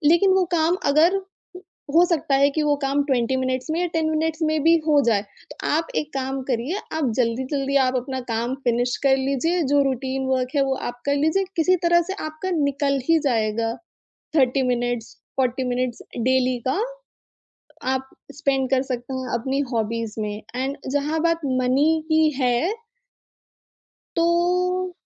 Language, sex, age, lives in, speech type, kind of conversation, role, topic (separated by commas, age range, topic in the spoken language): Hindi, female, 25-29, India, conversation, podcast, guest, Naya hobby start karne ke liye kaunse simple steps follow karne chahiye?